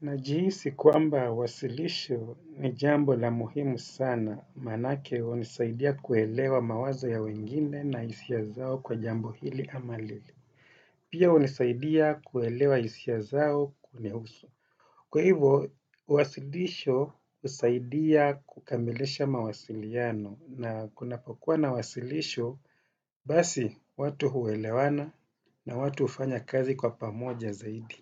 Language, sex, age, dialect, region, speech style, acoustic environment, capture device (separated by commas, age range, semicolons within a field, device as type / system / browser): Swahili, male, 35-44, Kenya - Mombasa, Kenya, happy, silence, desktop / Windows / Chrome